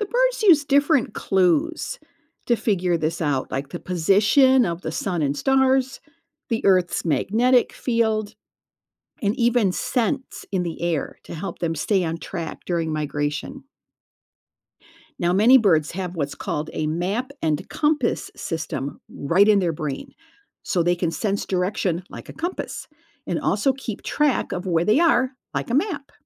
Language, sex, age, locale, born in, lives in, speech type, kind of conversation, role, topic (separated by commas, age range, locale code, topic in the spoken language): English, female, 65-69, en-US, United States, United States, read, expert-assistant, expert, Why do some animals migrate while others stay in one place?